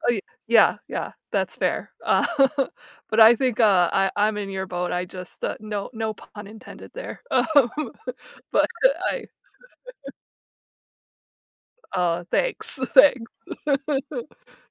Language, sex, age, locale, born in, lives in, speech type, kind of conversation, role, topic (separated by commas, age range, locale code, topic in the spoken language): English, female, 35-39, en-US, United States, United States, conversation, unstructured, none, What’s a hobby that really shows who you are?